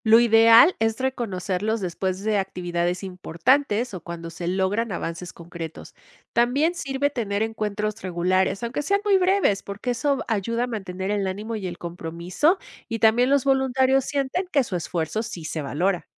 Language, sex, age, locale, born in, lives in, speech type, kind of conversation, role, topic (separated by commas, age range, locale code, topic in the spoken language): Spanish, female, 50-54, es-MX, Mexico, Mexico, read, expert-assistant, expert, Si en tu comunidad hay varios problemas de salud, ¿cuál crees que debería atenderse primero y por qué?